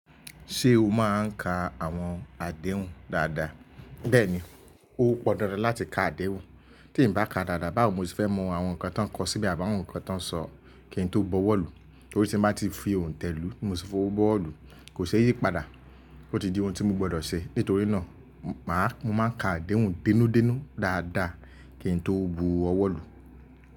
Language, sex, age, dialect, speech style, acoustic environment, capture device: Yoruba, male, 25-34, Nigeria - Lagos Yoruba, relaxed, nature, mobile / Linux / Chrome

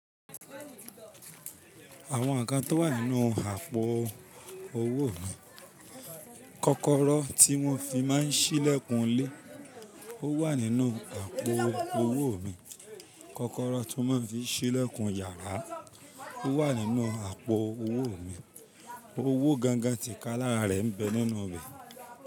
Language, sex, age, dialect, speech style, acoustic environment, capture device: Yoruba, male, 25-34, Nigeria - Ibadan, anxious; tired; relaxed; focused; excited; happy; nervous, people talking; music; nature; silence; traffic; construction; appliances, mobile / Linux / Chrome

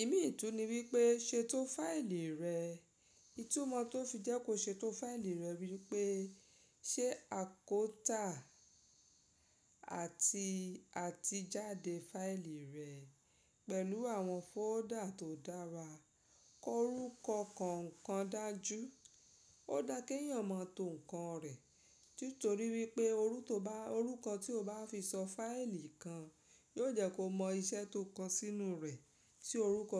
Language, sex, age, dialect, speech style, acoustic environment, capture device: Yoruba, female, 35-44, Nigeria - Lagos Yoruba, happy, silence, mobile / Linux / Chrome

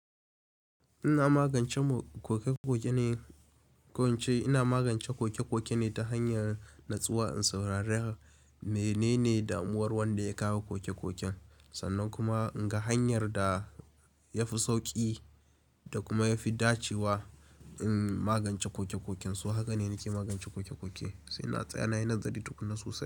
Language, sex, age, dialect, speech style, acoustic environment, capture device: Hausa, male, 18-24, Nigeria - Kano, focused, appliances; nature; silence, mobile / Linux / Chrome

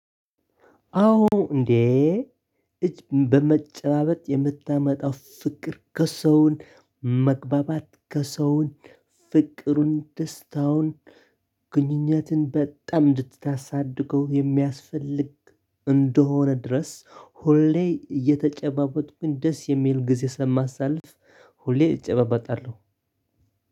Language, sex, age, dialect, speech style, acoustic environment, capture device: Amharic, male, 25-34, Ethiopia - Tigray, happy, silence, desktop / Linux / Chrome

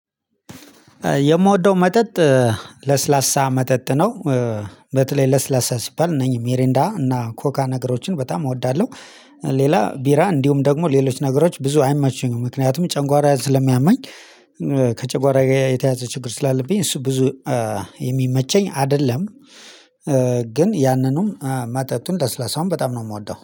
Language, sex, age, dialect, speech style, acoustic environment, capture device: Amharic, male, 35-44, Ethiopia - Addis Ababa, happy, silence, mobile / Linux / Chrome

